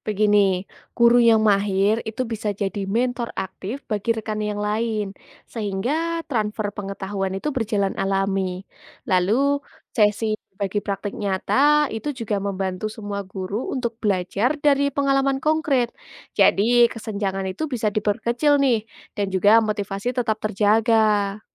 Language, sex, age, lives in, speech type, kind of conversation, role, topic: Indonesian, female, 20-24, Indonesia, read, expert-assistant, expert, Bagaimana guru bisa mengatasi masalah waktu ketika kurikulum baru diterapkan?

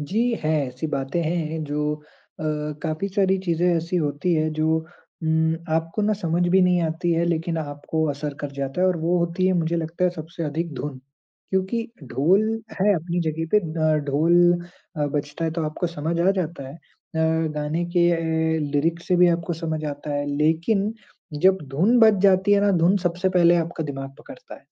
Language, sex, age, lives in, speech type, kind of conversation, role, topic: Hindi, male, 20-24, India, conversation, podcast, guest, खाना, संगीत और भाषा में आपकी पहचान कैसे झलकती है?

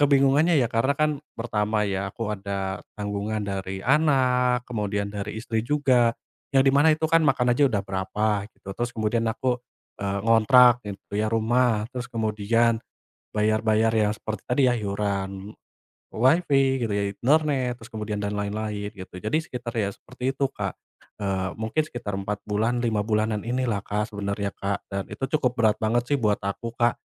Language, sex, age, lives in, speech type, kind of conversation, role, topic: Indonesian, male, 25-29, Indonesia, conversation, advice, user, Bagaimana saya menyesuaikan pengeluaran setelah penghasilan saya tiba-tiba berkurang?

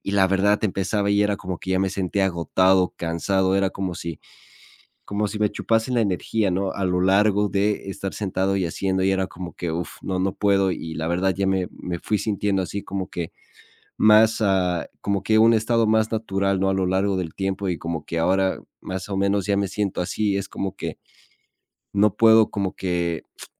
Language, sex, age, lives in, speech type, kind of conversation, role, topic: Spanish, male, 25-29, Spain, conversation, advice, user, ¿Por qué me siento constantemente agotado aunque descanse?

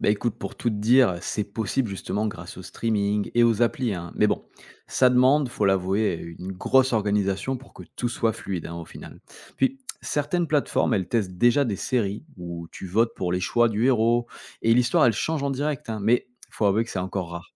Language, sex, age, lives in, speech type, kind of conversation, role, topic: French, male, 35-39, France, read, expert-assistant, expert, Quelle serait une idée originale pour rendre la télévision plus interactive ?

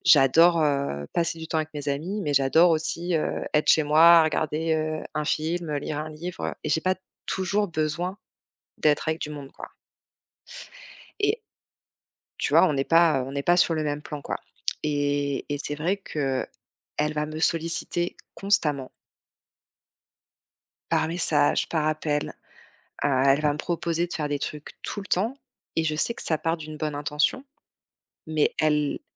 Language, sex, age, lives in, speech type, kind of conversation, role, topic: French, female, 35-39, France, conversation, advice, user, Comment puis-je poser des limites à mes proches sans culpabiliser ?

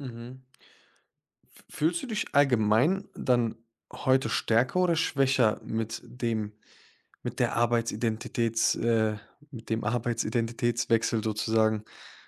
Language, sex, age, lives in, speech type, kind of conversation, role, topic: German, male, 25-29, Germany, conversation, podcast, host, Wie hat sich deine Arbeitsidentität im Laufe der Zeit verändert?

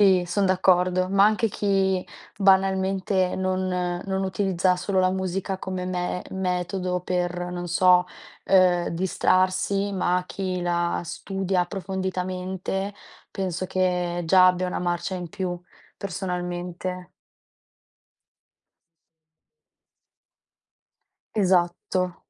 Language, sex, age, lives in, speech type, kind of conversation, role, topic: Italian, female, 20-24, Italy, conversation, unstructured, none, Quali passioni vorresti trasformare in una carriera?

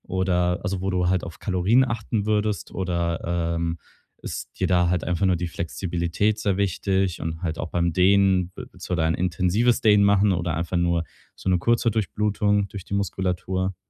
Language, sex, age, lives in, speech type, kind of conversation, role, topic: German, male, 25-29, Germany, conversation, advice, advisor, Wie baue ich realistische Fitnessgewohnheiten auf und bleibe dran?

